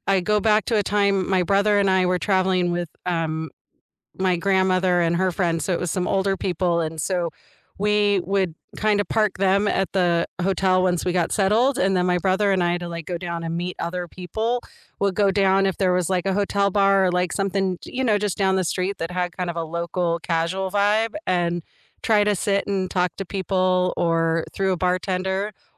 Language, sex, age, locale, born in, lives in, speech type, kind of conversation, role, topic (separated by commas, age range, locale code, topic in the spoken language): English, female, 45-49, en-US, United States, United States, conversation, unstructured, none, What’s the best way to meet new people while traveling?